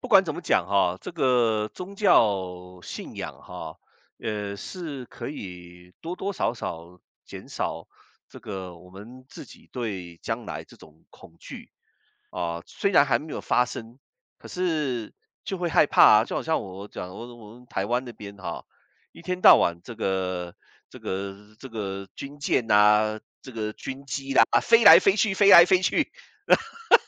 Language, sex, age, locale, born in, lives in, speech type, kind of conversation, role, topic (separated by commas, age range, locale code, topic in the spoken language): Chinese, male, 55-59, zh-CN, Taiwan, United States, conversation, unstructured, none, 你最害怕未来会发生什么？